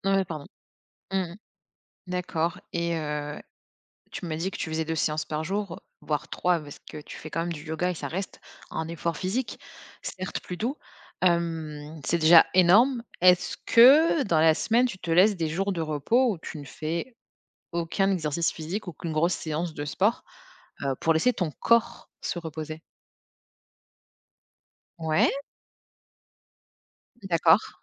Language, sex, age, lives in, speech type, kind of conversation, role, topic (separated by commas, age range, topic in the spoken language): French, female, 30-34, France, conversation, advice, advisor, Pourquoi suis-je épuisé(e) malgré une routine d’exercice régulière ?